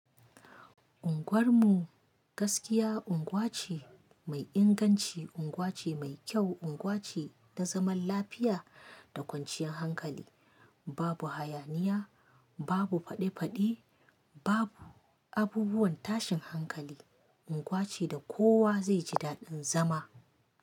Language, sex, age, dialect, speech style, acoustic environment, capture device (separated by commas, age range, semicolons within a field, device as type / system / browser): Hausa, female, 25-34, Nigeria - Kano, happy; anxious; excited; focused, nature; silence, mobile / Linux / Chrome